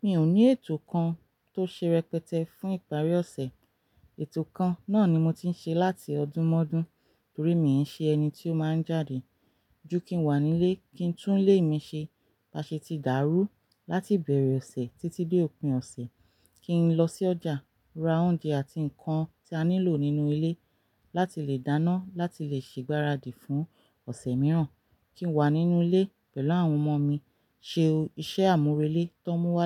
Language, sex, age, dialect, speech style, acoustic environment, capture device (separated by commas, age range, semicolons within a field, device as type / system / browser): Yoruba, female, 35-44, Nigeria - Ibadan, relaxed; focused, silence; nature, mobile / Linux / Chrome